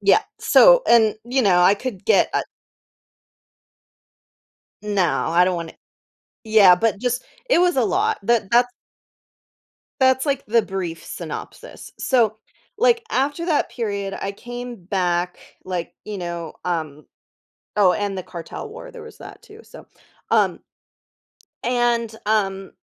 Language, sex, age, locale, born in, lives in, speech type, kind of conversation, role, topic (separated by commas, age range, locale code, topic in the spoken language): English, female, 35-39, en-US, United States, United States, conversation, podcast, guest, In what ways does your personal style express who you are and how you feel?